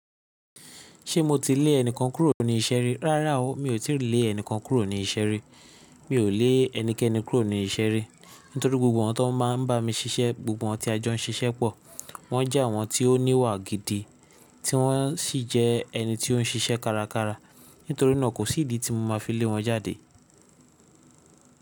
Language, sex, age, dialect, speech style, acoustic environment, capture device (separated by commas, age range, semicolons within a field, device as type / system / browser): Yoruba, male, 25-34, Nigeria - Lagos Yoruba, happy, silence, mobile / Linux / Chrome